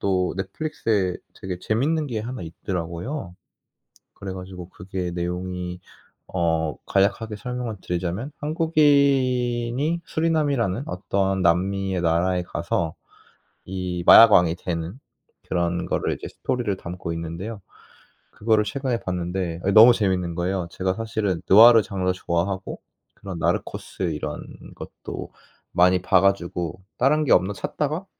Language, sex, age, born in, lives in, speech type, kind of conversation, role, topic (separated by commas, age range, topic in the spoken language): Korean, male, 25-29, South Korea, South Korea, conversation, podcast, guest, 한국 드라마가 해외에서 인기를 얻는 비결은 무엇인가요?